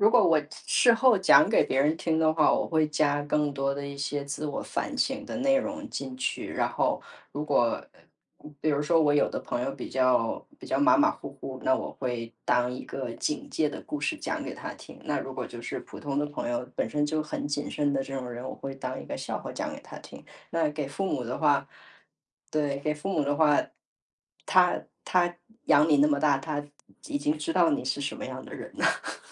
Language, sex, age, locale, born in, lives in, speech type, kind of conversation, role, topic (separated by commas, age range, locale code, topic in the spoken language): Chinese, female, 35-39, zh-CN, China, United States, conversation, podcast, guest, 你能分享一次让你哭笑不得的旅行囧事吗？